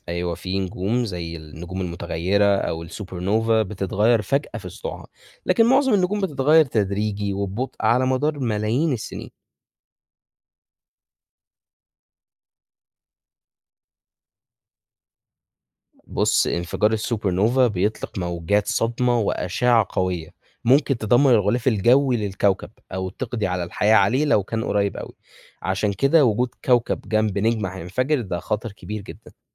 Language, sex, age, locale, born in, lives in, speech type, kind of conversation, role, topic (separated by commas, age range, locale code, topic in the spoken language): Arabic, male, 20-24, ar-EG, Egypt, Egypt, read, expert-assistant, expert, يعني إيه النجم بيعيش ويموت؟ ممكن تشرحلي دورة حياة النجم بشكل بسيط؟